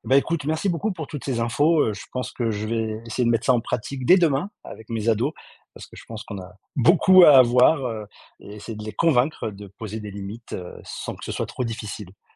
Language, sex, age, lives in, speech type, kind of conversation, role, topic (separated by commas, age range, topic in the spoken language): French, male, 40-44, France, read, expert-assistant, asker, Comment trouver un bon équilibre entre s’informer et se préserver face aux actualités ?